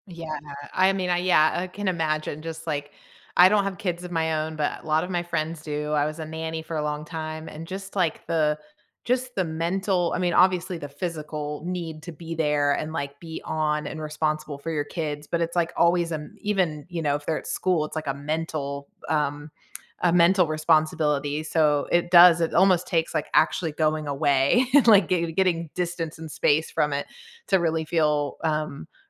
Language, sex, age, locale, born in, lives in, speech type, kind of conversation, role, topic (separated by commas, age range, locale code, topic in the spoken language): English, female, 40-44, en-US, United States, United States, conversation, unstructured, none, When was the last time you felt truly rested, and why?